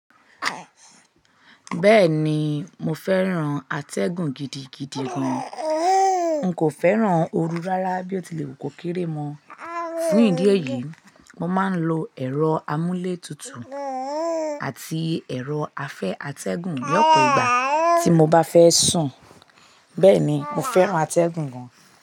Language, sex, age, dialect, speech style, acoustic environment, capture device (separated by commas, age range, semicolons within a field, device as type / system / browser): Yoruba, female, 25-34, Nigeria - Lagos Yoruba, tired; stressed, people talking; nature, mobile / Linux / Chrome